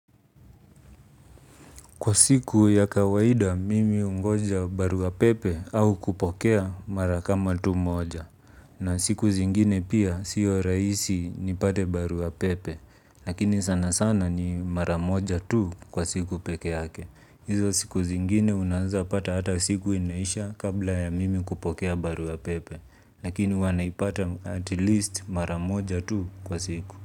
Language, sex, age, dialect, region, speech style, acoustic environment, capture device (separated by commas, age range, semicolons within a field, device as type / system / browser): Swahili, male, 25-34, Kenya - Nairobi Sheng-influenced, Kenya, relaxed; focused, appliances, mobile / Linux / Chrome